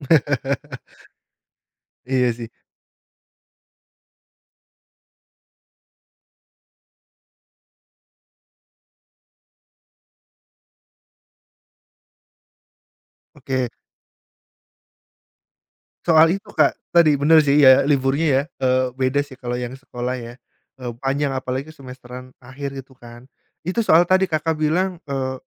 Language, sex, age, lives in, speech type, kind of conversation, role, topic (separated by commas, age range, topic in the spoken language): Indonesian, male, 30-34, Indonesia, conversation, podcast, host, Bisakah kamu menceritakan momen ketika sebuah kebetulan membuka peluang karier untukmu?